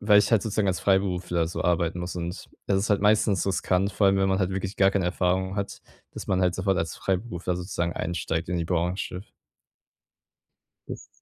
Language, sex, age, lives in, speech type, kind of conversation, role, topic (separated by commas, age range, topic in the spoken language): German, male, 18-19, Germany, conversation, advice, user, Wie finde ich einen guten Ausgleich zwischen meinen Verpflichtungen und meiner Freizeit?